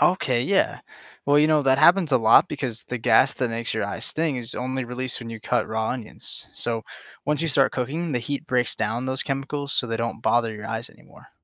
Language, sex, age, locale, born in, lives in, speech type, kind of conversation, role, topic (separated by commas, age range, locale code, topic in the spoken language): English, male, 20-24, en-US, United States, United States, read, expert-assistant, expert, Why do onions cause tears when chopped?